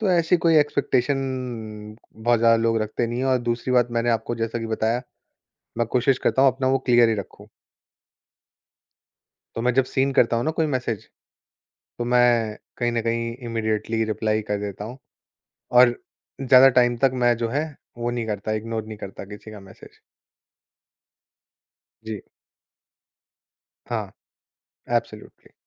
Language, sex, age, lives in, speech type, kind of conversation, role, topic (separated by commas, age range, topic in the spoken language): Hindi, male, 25-29, India, conversation, podcast, guest, Notifications manage karne ka tumhara tarika kya hai?